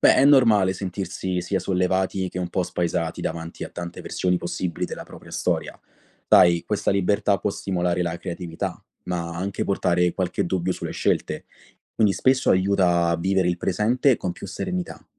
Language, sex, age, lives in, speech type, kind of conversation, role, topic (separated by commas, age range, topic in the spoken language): Italian, male, 25-29, Italy, read, expert-assistant, expert, Cosa pensi che accadrebbe se un giorno scoprissimo che ci sono infiniti universi oltre il nostro?